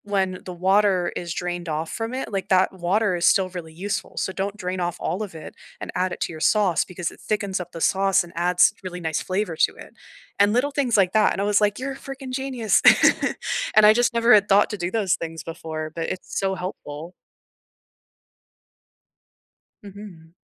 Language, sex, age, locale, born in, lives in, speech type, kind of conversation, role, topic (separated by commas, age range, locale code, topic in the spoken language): English, female, 25-29, en-US, United States, Canada, conversation, unstructured, none, What quick kitchen fixes have made cooking feel easier for you?